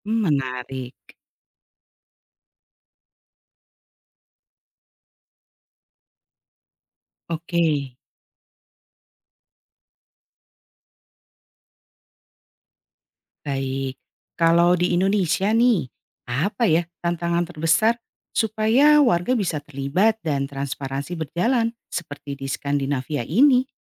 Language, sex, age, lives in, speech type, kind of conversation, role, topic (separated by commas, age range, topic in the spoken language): Indonesian, female, 45-49, Indonesia, read, expert-assistant, asker, Bagaimana keterbukaan informasi dan partisipasi warga mendorong demokrasi di Skandinavia dan Indonesia?